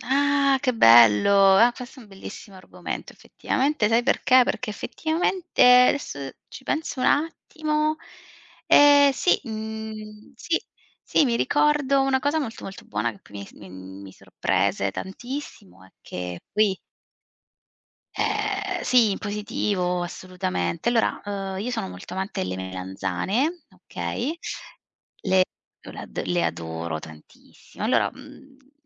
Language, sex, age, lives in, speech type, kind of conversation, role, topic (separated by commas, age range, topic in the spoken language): Italian, female, 35-39, Italy, conversation, unstructured, none, Hai mai fatto una scoperta culinaria durante un viaggio?